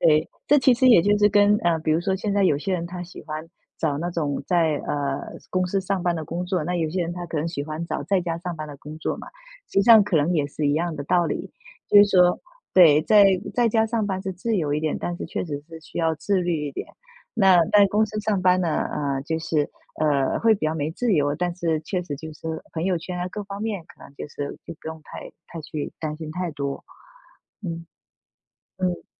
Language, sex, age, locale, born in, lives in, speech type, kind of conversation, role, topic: Chinese, female, 35-39, zh-TW, China, United States, conversation, unstructured, none, 你如何在学习和娱乐之间找到平衡？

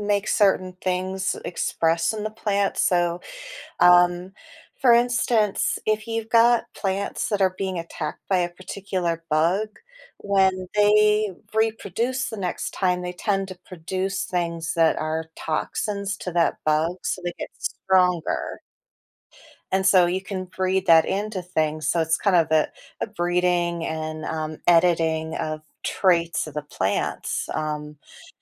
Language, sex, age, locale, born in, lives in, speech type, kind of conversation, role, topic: English, female, 40-44, en-US, United States, United States, conversation, podcast, host, In what ways is technology shaping our efforts to protect the environment?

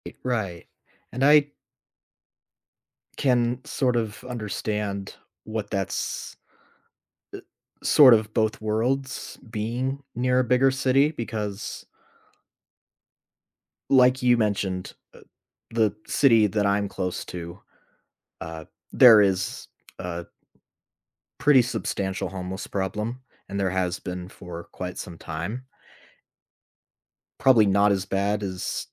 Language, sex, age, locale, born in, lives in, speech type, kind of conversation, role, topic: English, male, 25-29, en-US, United States, United States, conversation, unstructured, none, What do you like most about your local government?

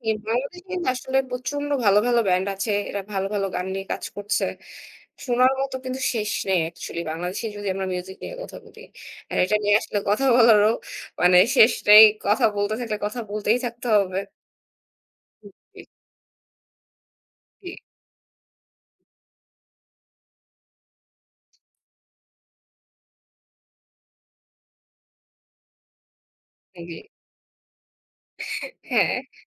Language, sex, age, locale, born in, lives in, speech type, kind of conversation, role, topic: Bengali, female, 25-29, bn-BD, Bangladesh, Bangladesh, conversation, unstructured, none, কোন গান তোমাকে গতকালের মতো স্মৃতিতে ফিরিয়ে নিয়ে যায়?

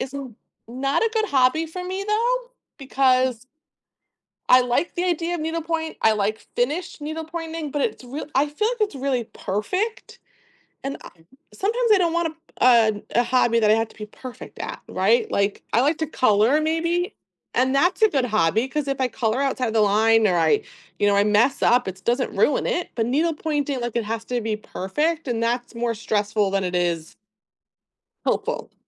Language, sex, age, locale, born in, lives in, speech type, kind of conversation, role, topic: English, female, 35-39, en-US, United States, United States, conversation, unstructured, none, Why do you think having hobbies is important for your well-being?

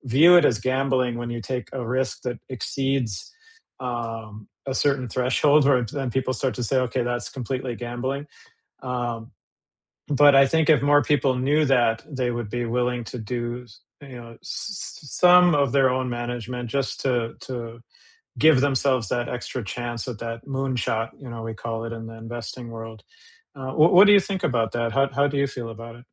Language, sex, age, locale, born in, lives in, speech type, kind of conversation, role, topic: English, male, 45-49, en-US, United States, United States, conversation, unstructured, none, What is one thing you wish you had learned about money earlier?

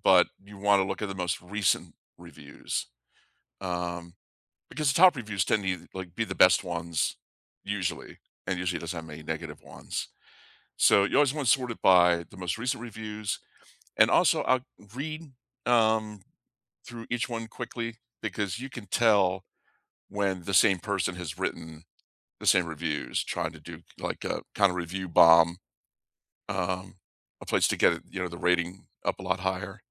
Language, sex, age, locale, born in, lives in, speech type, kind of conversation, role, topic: English, male, 55-59, en-US, United States, United States, conversation, unstructured, none, How do you like to explore a new city?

